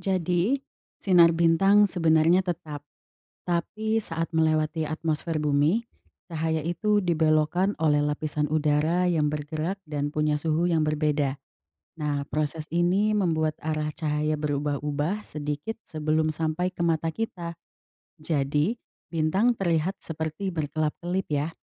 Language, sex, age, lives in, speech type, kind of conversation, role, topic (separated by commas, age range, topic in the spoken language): Indonesian, female, 20-24, Indonesia, read, expert-assistant, expert, Mengapa bintang terlihat berkelap-kelip di malam hari?